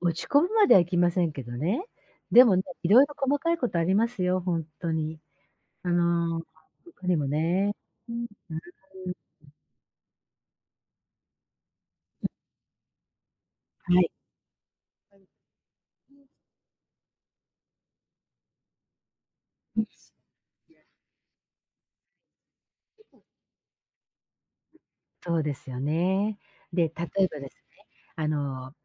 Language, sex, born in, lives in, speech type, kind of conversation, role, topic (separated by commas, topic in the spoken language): Japanese, female, Japan, Japan, conversation, advice, user, 家庭やパートナーと小さな衝突があっただけで大きく落ち込んでしまうのは、どうしてだと思いますか？